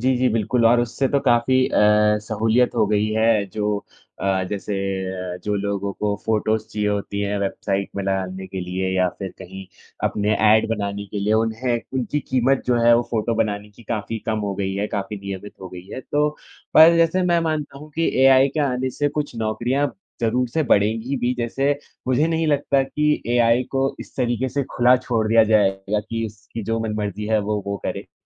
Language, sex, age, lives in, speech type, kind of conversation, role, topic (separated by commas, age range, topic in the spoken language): Hindi, male, 20-24, India, conversation, unstructured, none, क्या कृत्रिम बुद्धिमत्ता से इंसानों की नौकरियाँ खतरे में हैं?